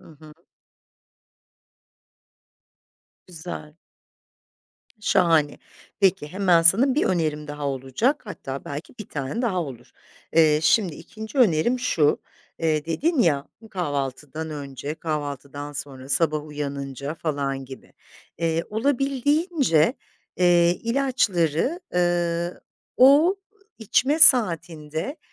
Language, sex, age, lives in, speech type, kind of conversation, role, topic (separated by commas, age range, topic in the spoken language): Turkish, female, 50-54, Italy, conversation, advice, advisor, İlaçlarınızı ve sağlık kontrollerinizi düzenli olarak takip edememe nedenleriniz nelerdir?